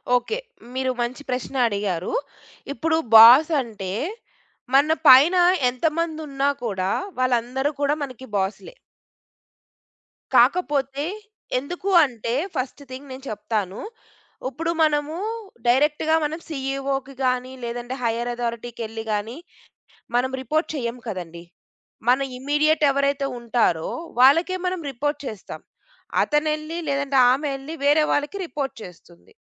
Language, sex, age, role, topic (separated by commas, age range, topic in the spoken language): Telugu, female, 35-39, guest, మీ కార్యస్థలంలో మీ బాస్‌తో మీ సంబంధం ఎలా ఉంటుంది?